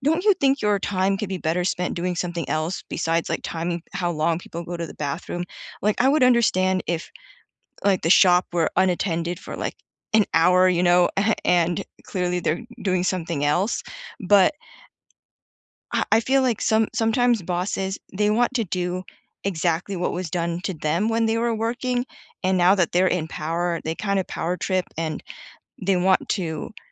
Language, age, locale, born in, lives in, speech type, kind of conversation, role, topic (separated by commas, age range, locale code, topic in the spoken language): English, 30-34, en-US, United States, United States, conversation, unstructured, none, What is your opinion of bosses who micromanage?